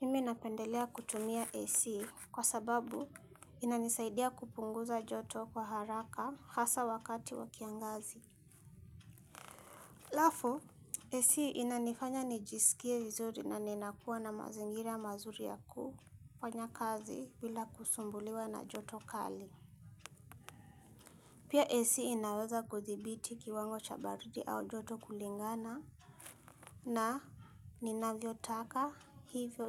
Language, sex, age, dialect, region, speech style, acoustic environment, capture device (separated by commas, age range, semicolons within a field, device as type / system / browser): Swahili, female, 25-34, Kenya - Nairobi Sheng-influenced, Kenya, happy, music; silence, mobile / Linux / Chrome